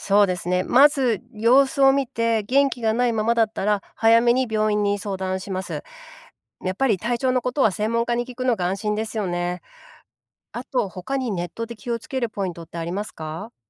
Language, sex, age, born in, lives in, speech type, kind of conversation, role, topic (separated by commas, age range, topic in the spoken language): Japanese, female, 45-49, Japan, United States, read, expert-assistant, asker, ペットのしつけ方法について、信頼できる情報を見分けるコツはありますか？